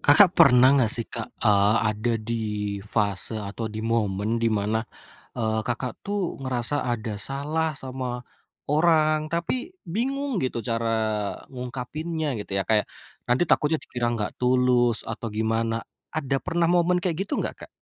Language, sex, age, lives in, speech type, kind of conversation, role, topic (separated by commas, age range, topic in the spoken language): Indonesian, male, 25-29, Indonesia, conversation, podcast, host, Bagaimana cara mengucapkan maaf yang menurutmu terasa tulus?